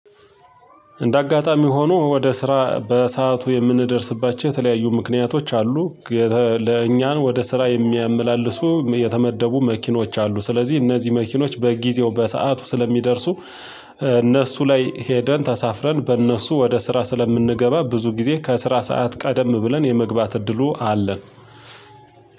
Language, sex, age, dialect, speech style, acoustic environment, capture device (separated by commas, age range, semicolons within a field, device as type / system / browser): Amharic, male, 35-44, Ethiopia - Gojjam, happy; excited, silence, mobile / Linux / Chrome